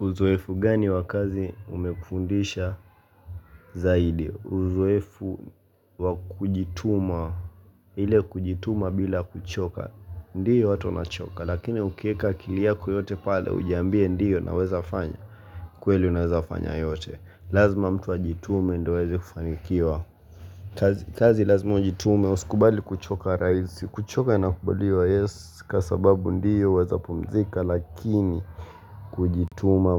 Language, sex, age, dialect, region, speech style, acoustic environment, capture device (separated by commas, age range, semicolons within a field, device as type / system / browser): Swahili, male, 18-24, Kenya - Mombasa, Kenya, relaxed, silence, mobile / Linux / Chrome